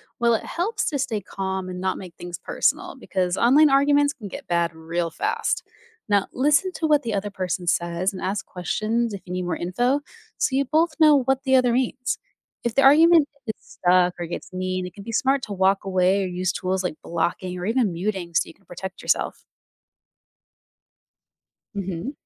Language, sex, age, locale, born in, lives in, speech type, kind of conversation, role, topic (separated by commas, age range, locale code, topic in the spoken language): English, female, 30-34, en-US, United States, United States, read, expert-assistant, expert, How can social media be used for positive change?